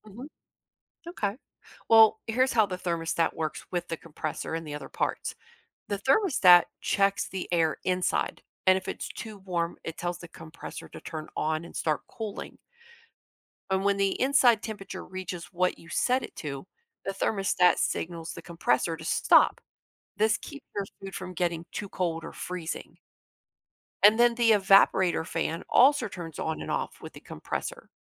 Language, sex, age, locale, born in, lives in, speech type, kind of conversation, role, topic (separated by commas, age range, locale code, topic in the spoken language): English, female, 45-49, en-US, United States, United States, read, expert-assistant, expert, How does a refrigerator keep food cold?